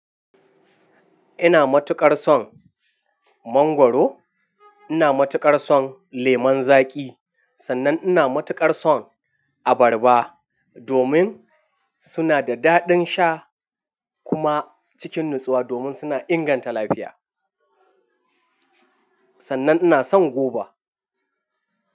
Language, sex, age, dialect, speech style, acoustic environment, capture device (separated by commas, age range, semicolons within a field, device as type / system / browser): Hausa, male, 25-34, Nigeria - Nigerian Standard, happy, silence, mobile / Linux / Chrome